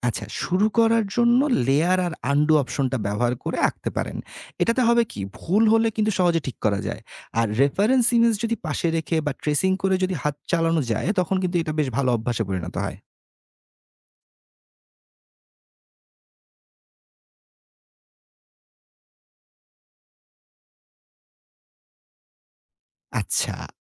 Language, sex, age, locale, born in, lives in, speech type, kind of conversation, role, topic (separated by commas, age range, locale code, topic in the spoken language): Bengali, male, 30-34, bn-BD, Bangladesh, Bangladesh, read, expert-assistant, expert, ডিজিটাল আর্ট দিয়ে ছবি আঁকার সুবিধা আর অসুবিধা কী কী?